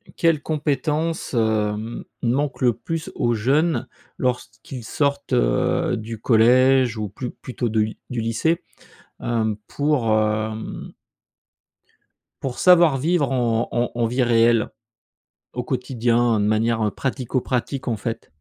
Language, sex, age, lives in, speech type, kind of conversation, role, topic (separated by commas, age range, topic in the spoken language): French, male, 45-49, France, conversation, podcast, host, Comment l’école pourrait-elle mieux préparer les élèves à la vie réelle ?